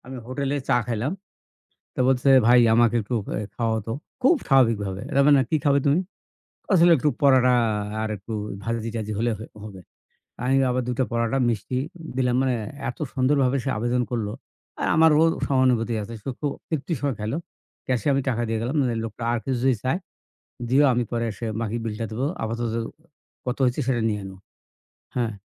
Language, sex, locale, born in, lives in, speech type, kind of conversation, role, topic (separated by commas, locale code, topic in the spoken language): Bengali, male, bn-BD, Bangladesh, Bangladesh, conversation, unstructured, none, মানসিক অসুস্থতার কারণে মানুষকে অবজ্ঞা করা কি ঠিক?